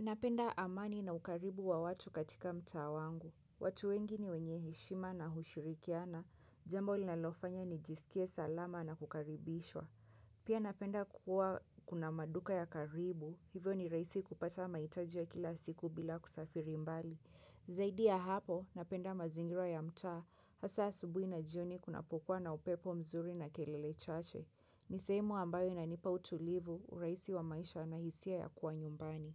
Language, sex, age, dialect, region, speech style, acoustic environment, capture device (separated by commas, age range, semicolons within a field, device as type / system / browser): Swahili, female, 25-34, Kenya - Mombasa, Kenya, happy, people talking; music; silence, mobile / Linux / Chrome